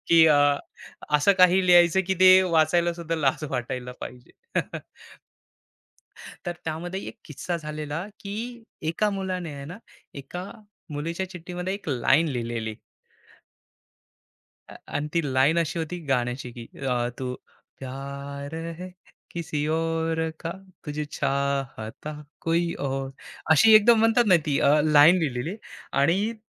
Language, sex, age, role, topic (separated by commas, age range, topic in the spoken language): Marathi, male, 25-29, guest, तुझ्या सगळ्यात आवडत्या कॅम्पिंगच्या आठवणी कोणत्या आहेत?